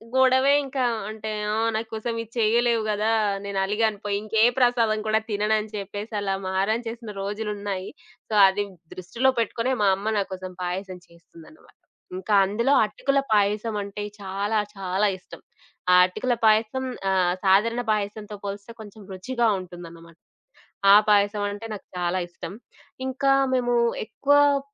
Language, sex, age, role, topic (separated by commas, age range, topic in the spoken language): Telugu, female, 25-29, guest, చిన్నప్పటి ఆహార జ్ఞాపకాలు ఏవైనా గుర్తున్నాయా?